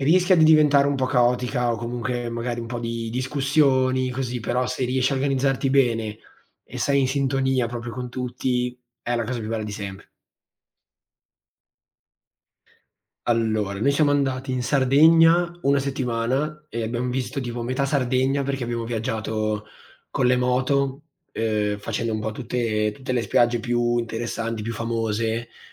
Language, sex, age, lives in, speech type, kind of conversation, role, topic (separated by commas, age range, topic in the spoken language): Italian, male, 18-19, Italy, conversation, unstructured, none, Qual è il tuo miglior ricordo con i tuoi amici?